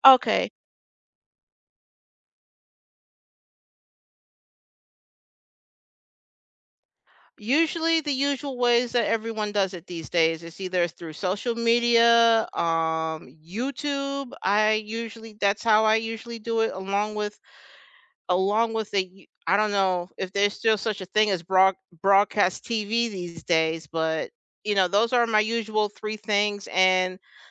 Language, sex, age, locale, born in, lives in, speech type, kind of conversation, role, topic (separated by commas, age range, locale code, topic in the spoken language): English, other, 50-54, en-US, United States, United States, conversation, unstructured, none, What are your go-to ways to stay informed and engaged with your local government?